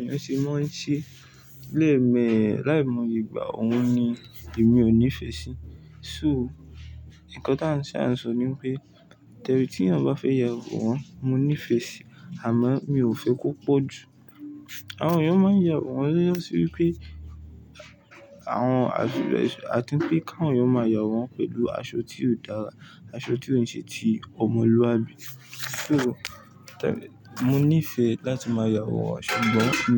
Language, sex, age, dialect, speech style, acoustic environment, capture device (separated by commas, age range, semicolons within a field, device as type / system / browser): Yoruba, male, 18-24, Nigeria - Ibadan, relaxed, silence, mobile / Linux / Chrome